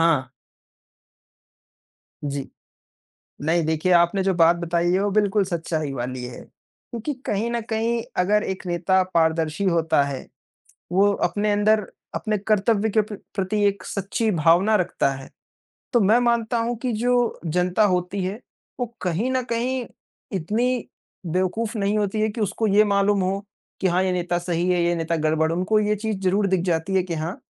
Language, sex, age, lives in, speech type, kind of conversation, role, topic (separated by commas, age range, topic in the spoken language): Hindi, male, 55-59, India, conversation, unstructured, none, आपके हिसाब से एक अच्छे नेता में कौन-कौन से गुण होने चाहिए?